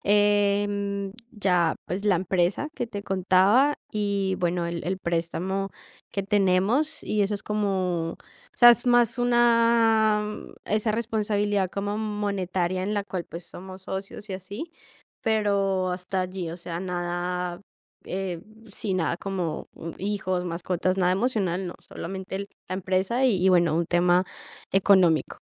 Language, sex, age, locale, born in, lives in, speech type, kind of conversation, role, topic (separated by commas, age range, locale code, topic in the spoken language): Spanish, female, 18-19, es-CO, Colombia, Italy, conversation, advice, user, ¿Cómo puedo superar una relación de años y reconfigurar mi vida?